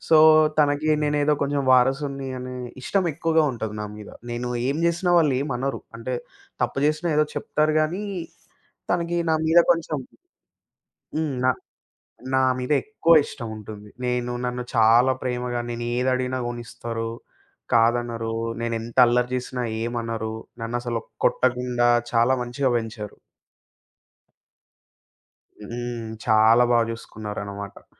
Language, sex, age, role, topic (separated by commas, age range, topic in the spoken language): Telugu, male, 25-29, guest, మీ ఇంట్లో ప్రేమను ఎలా చూపించేవారు?